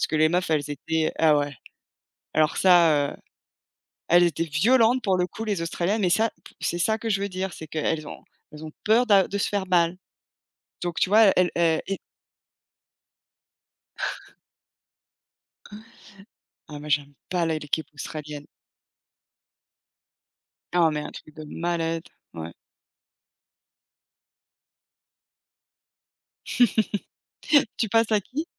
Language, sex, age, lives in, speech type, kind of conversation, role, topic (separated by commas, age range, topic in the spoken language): French, female, 40-44, United States, conversation, unstructured, none, Le sport peut-il parfois devenir une obsession dangereuse ?